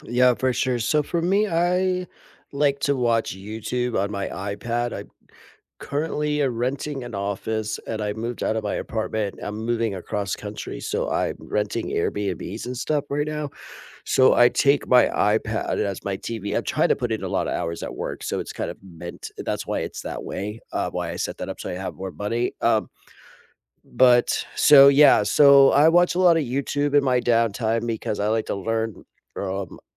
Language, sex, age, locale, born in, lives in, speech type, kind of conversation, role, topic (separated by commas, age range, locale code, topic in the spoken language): English, male, 40-44, en-US, United States, United States, conversation, unstructured, none, How do you recharge after a busy day?